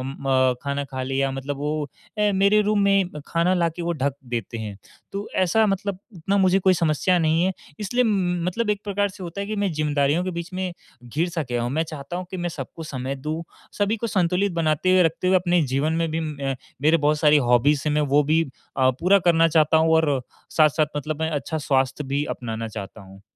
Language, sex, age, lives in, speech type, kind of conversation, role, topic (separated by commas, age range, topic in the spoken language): Hindi, male, 18-19, India, conversation, advice, user, मुझे काम और निजी जीवन के बीच सीमाएँ तय करना कहाँ से शुरू करना चाहिए?